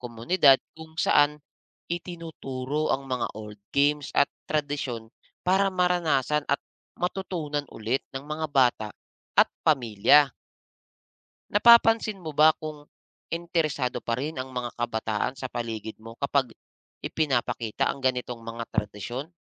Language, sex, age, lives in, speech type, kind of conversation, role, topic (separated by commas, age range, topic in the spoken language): Filipino, male, 35-39, Philippines, read, expert-assistant, expert, Paano nagbabago ang kultura ng isang bansa sa paglipas ng panahon?